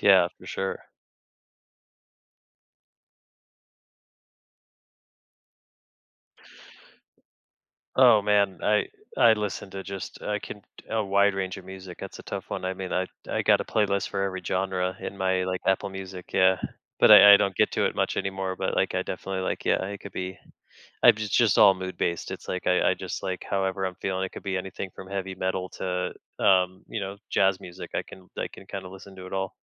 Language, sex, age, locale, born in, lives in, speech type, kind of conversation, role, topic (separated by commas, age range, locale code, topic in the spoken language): English, male, 35-39, en-US, United States, United States, conversation, advice, user, How can I enjoy my alone time better?